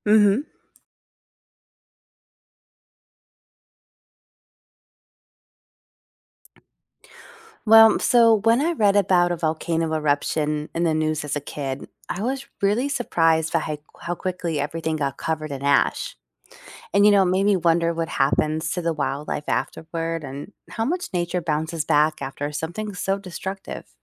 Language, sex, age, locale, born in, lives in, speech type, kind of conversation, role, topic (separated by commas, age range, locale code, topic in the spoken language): English, female, 40-44, en-US, United States, United States, read, expert-assistant, asker, How do volcanoes erupt and what happens inside?